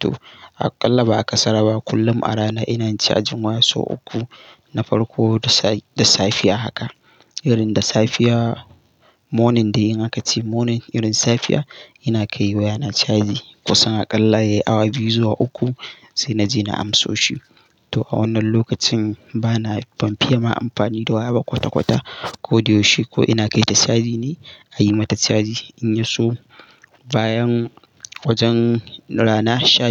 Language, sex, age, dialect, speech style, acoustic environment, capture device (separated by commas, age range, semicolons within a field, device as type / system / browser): Hausa, male, 18-24, Nigeria - Katsina, happy, nature, mobile / Linux / Chrome